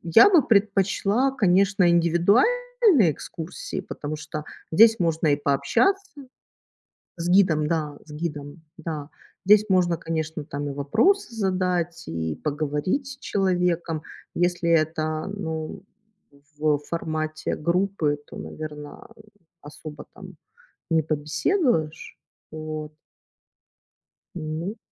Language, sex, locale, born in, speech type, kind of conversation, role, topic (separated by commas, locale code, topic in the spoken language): Russian, female, ru-RU, Ukraine, conversation, unstructured, none, Что вы предпочитаете: путешествовать с экскурсиями или самостоятельно исследовать новые места?